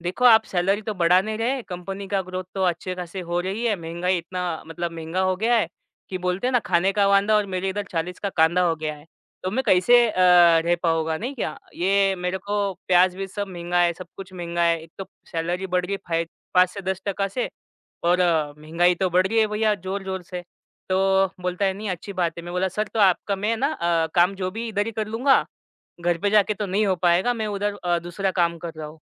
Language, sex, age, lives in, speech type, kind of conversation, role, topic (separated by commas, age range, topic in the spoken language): Hindi, male, 25-29, India, conversation, podcast, guest, Office ke baad work messages ka reply dena sahi hai kya?